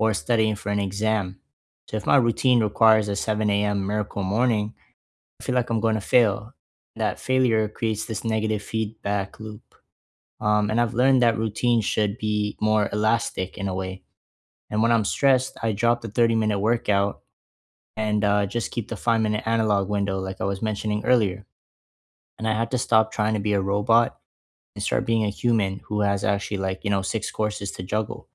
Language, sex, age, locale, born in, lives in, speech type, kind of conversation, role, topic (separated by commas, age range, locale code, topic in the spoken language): English, male, 20-24, en-CA, Canada, Canada, conversation, unstructured, none, What little daily routines make your life feel manageable?